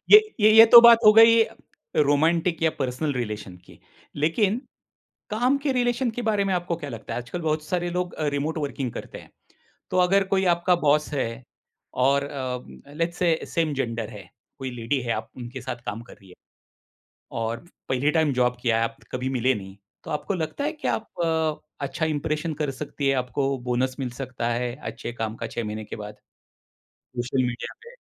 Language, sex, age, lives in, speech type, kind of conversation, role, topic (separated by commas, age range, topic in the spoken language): Hindi, male, 50-54, India, conversation, podcast, host, Kya tumhe lagta hai ki samajik madhyam se sachchi bonding ho sakti hai?